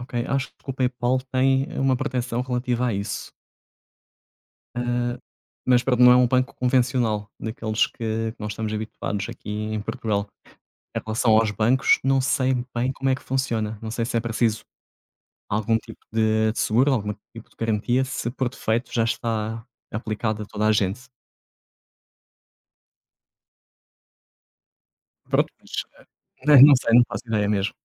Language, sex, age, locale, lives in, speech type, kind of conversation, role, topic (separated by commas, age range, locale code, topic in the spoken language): Portuguese, male, 30-34, pt-PT, Portugal, conversation, unstructured, none, Você acha que a tecnologia está invadindo demais a nossa privacidade?